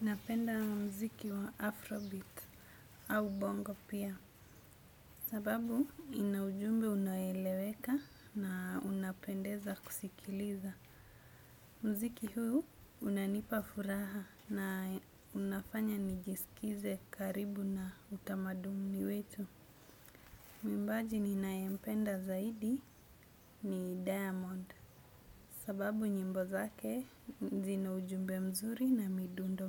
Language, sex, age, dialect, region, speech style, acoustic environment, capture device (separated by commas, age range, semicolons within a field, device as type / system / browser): Swahili, female, 25-34, Kenya - Mombasa, Kenya, focused; anxious; nervous, nature; people talking; silence; appliances; construction; traffic, desktop / Windows / Chrome